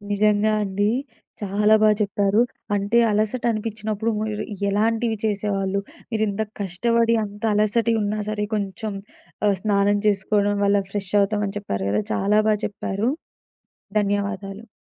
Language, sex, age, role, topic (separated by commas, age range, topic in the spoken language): Telugu, female, 20-24, host, అలసట అనిపించినప్పుడు మీరు ముందుగా ఏమి చేస్తారు?